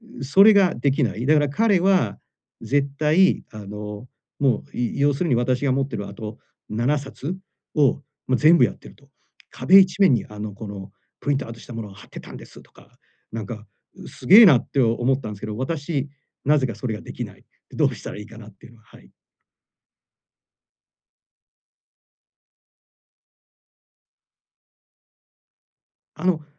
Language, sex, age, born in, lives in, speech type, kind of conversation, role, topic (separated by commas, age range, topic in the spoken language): Japanese, male, 45-49, Japan, Japan, conversation, advice, user, 習慣を継続するための責任体制をどう作ればよいですか？